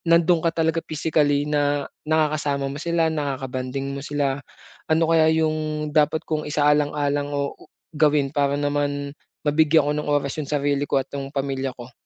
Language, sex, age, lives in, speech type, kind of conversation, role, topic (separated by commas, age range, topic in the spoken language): Filipino, male, 25-29, Philippines, conversation, advice, user, Paano ko maibabalanse ang oras para sa pamilya at trabaho gamit ang pagbabahagi ng oras sa iskedyul?